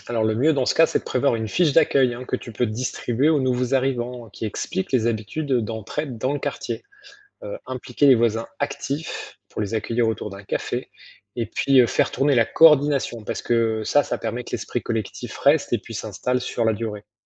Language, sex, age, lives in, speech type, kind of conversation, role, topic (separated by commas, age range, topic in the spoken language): French, male, 45-49, France, read, expert-assistant, expert, Comment organiser un plan d’action pour faire face aux vagues de chaleur dans une grande ville ?